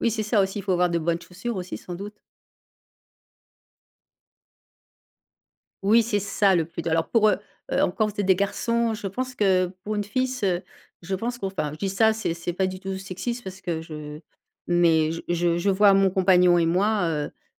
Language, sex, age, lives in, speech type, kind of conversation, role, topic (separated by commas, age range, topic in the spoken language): French, female, 55-59, France, conversation, podcast, host, Quels sont tes indispensables dans ton sac à dos ?